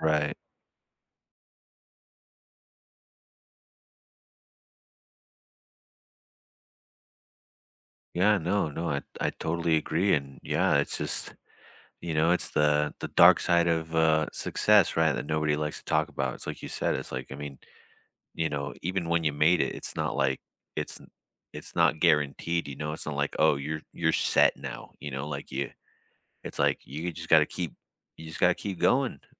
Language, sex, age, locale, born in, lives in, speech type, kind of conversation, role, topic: English, male, 30-34, en-US, United States, United States, conversation, unstructured, none, How does your team celebrate big and small wins in ways that strengthen connection?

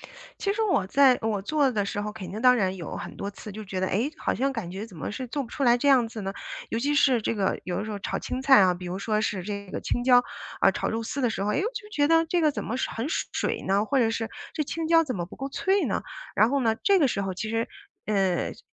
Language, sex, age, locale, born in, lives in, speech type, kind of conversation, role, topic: Chinese, female, 40-44, zh-CN, China, United States, conversation, podcast, guest, 如何不用食谱下厨？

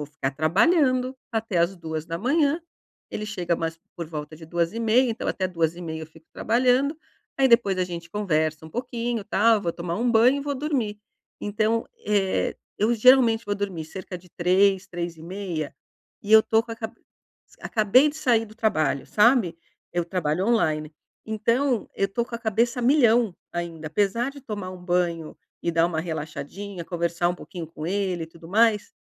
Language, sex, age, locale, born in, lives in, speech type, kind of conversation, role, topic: Portuguese, female, 50-54, pt-BR, Brazil, Portugal, conversation, advice, user, Como posso gerenciar o estresse noturno e reduzir pensamentos intrusivos antes de dormir?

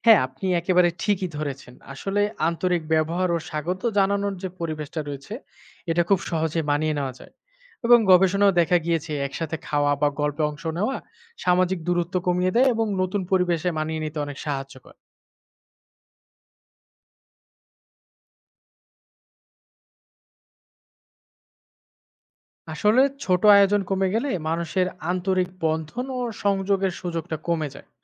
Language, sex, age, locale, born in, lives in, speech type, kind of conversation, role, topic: Bengali, male, 25-29, bn-BD, Bangladesh, Bangladesh, read, expert-assistant, expert, বিভিন্ন ধর্মে প্রার্থনা কীভাবে করা হয় এবং এর পেছনের ভাবনা কী?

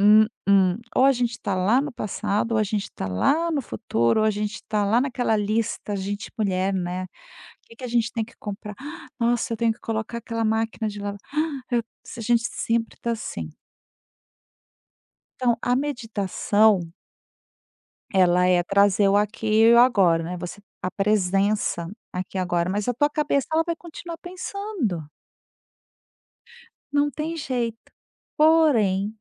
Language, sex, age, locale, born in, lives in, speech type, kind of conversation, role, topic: Portuguese, female, 50-54, pt-BR, Brazil, Spain, conversation, podcast, guest, Que papel a respiração desempenha na meditação?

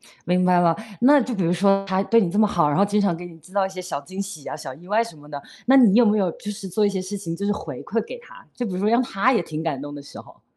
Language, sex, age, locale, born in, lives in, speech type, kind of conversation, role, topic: Chinese, female, 35-39, zh-CN, China, United States, conversation, podcast, host, 你能分享一次让你特别感动的瞬间吗？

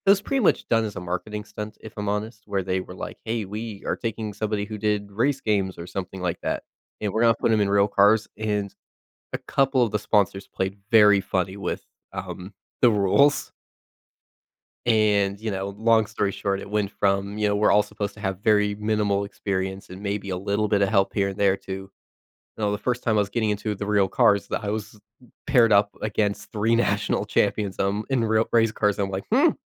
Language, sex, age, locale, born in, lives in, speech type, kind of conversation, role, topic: English, male, 20-24, en-US, United States, United States, conversation, advice, user, How can I recover and move forward after failing a personal goal I worked hard for?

